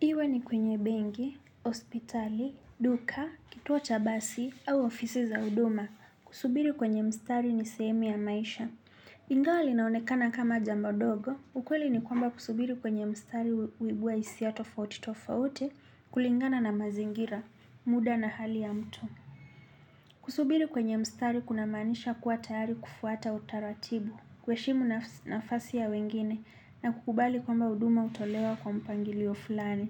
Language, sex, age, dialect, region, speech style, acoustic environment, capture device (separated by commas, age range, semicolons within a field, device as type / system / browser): Swahili, male, 25-34, Kenya - Nairobi Sheng-influenced, Kenya, relaxed, nature, desktop / Linux / Chrome